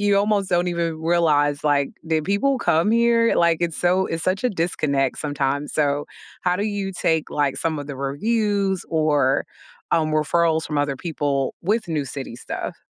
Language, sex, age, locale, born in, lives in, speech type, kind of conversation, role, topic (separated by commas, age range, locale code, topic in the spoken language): English, female, 40-44, en-US, United States, United States, conversation, unstructured, none, How do you like to explore a new city?